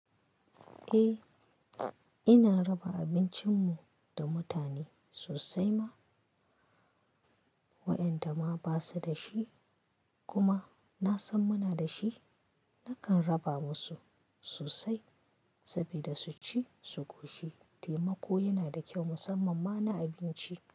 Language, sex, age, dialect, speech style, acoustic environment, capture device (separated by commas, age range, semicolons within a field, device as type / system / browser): Hausa, female, 25-34, Nigeria - Kano, happy; anxious; relaxed; excited; focused, nature; silence, mobile / Linux / Chrome